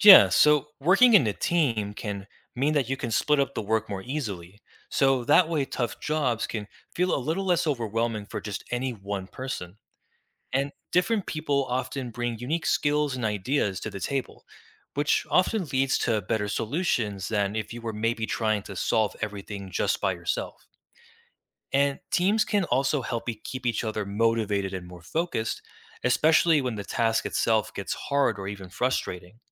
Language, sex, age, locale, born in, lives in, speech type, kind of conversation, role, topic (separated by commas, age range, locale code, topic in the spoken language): English, male, 20-24, en-US, United States, United States, read, expert-assistant, expert, How does teamwork help people accomplish difficult tasks?